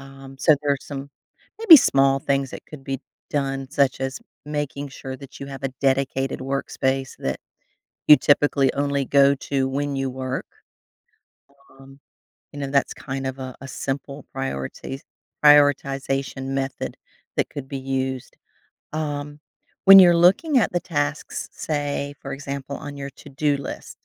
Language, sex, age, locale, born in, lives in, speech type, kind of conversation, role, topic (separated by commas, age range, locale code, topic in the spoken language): English, female, 55-59, en-US, United States, United States, conversation, advice, advisor, How can I stop feeling overwhelmed and get my endless to-do list under control?